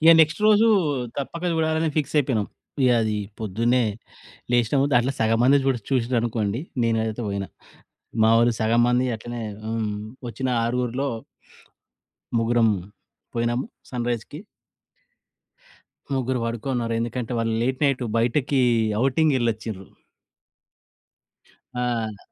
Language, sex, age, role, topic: Telugu, male, 40-44, guest, ఒకసారి మీరు దారి తప్పినప్పుడు నేర్చుకున్న కొత్త పాఠం ఏమిటి?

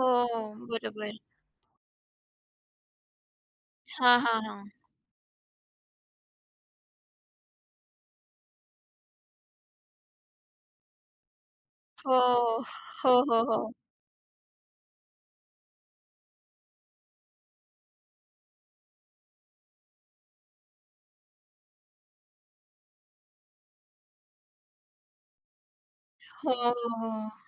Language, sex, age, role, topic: Marathi, female, 20-24, host, घरून काम केल्यामुळे तुमचा दिवस कसा बदलतो?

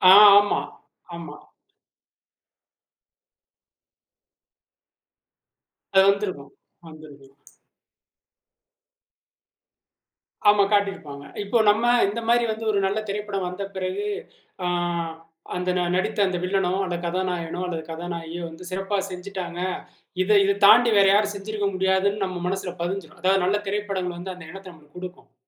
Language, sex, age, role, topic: Tamil, male, 35-39, guest, கதையில் நாயகனின் மாற்றப் பயணத்தை இயல்பாகவும் தாக்கத்துடனும் எப்படிச் வடிவமைப்பது?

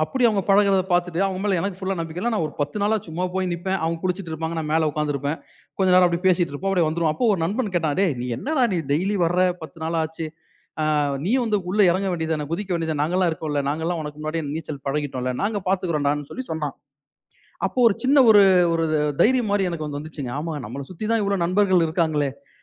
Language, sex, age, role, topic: Tamil, male, 40-44, guest, ஒரு தோல்வியடைந்த முயற்சி எப்படி வெற்றியாக மாறியது என்பதைப் பற்றிய உங்கள் அனுபவத்தைப் பகிர முடியுமா?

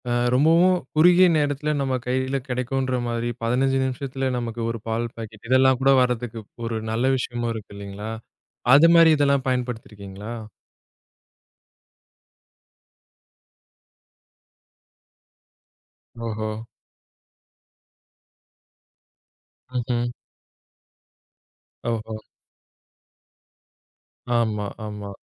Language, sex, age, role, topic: Tamil, male, 30-34, host, சமூக வலைதளங்களில் மற்றவர்களுடன் உங்களை ஒப்பிட்டு மனம் பாதிக்கப்படும்போது நீங்கள் என்ன செய்வீர்கள்?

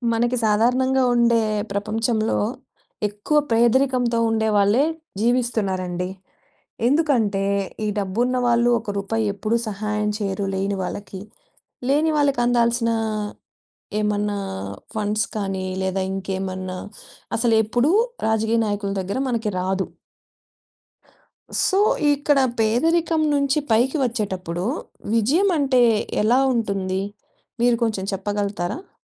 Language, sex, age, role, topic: Telugu, female, 30-34, host, పేదరికం నుంచి పైకి వచ్చేటప్పుడు మీ దృష్టిలో విజయమంటే ఏమిటి?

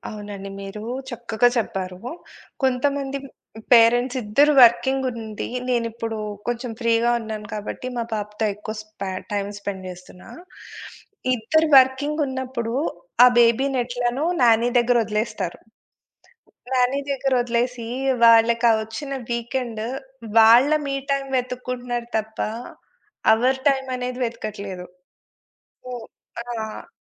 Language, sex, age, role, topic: Telugu, female, 40-44, guest, పిల్లలకు ప్రేమ చూపించే సరళమైన మార్గాలు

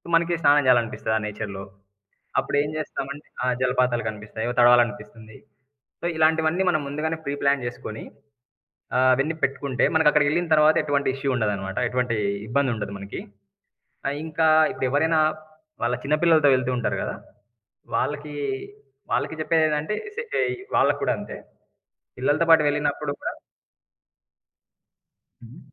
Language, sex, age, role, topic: Telugu, male, 25-29, guest, నడక లేదా ట్రెక్కింగ్ చేయడం మీకు ఏమి ఇస్తుంది?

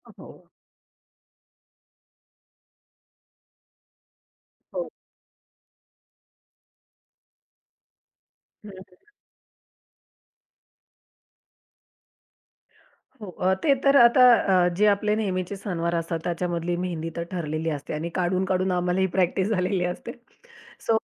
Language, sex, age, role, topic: Marathi, female, 30-34, guest, दिवसभरातील साध्या गोष्टींमधून तुम्ही सर्जनशीलता कशी मिळवता?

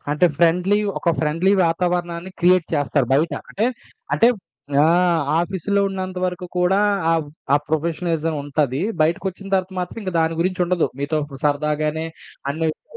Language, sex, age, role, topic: Telugu, male, 25-29, host, స్ట్రెస్ వచ్చినప్పుడు మీరు సాధారణంగా ఏమి చేస్తారు?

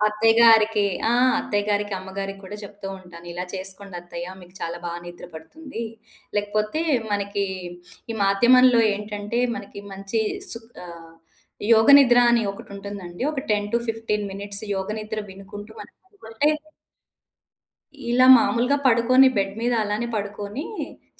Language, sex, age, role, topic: Telugu, female, 40-44, guest, నిద్రకు వెళ్లేటప్పుడు మనసును ప్రశాంతం చేసుకోవడానికి మీరు పాటించే సూచనలు ఏమిటి?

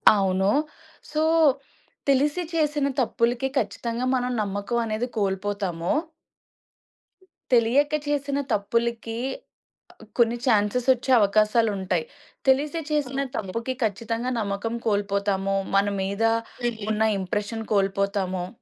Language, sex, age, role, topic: Telugu, female, 25-29, guest, తప్పును ఒప్పుకోవడం ఇతరుల నమ్మకాన్ని ఎలా పెంచుతుంది?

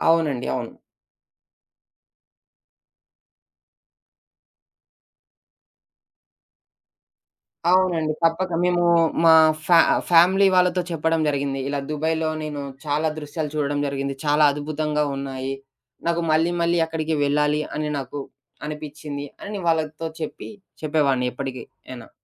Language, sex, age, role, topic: Telugu, male, 20-24, guest, మీరు చూసిన అత్యంత అందమైన దృశ్యం ఏది, అది మీకు ఎందుకు ముఖ్యంగా అనిపించింది?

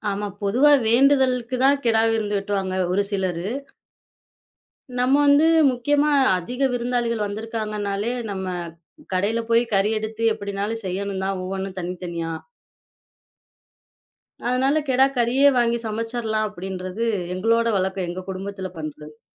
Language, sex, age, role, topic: Tamil, female, 25-29, guest, பண்டிகைகளில் உங்கள் குடும்பம் எந்த உணவைச் சமைத்துப் பெருமைப்படுகிறது?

